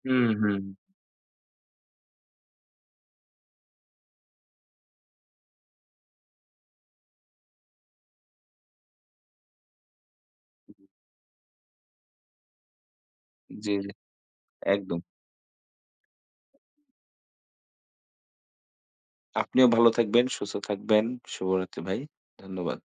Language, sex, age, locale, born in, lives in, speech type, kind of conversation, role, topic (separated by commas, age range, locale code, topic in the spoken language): Bengali, male, 20-24, bn-BD, Bangladesh, Bangladesh, conversation, unstructured, none, আপনি কি মনে করেন সামাজিক যোগাযোগমাধ্যম আমাদের যোগাযোগের ধরন বদলে দিয়েছে?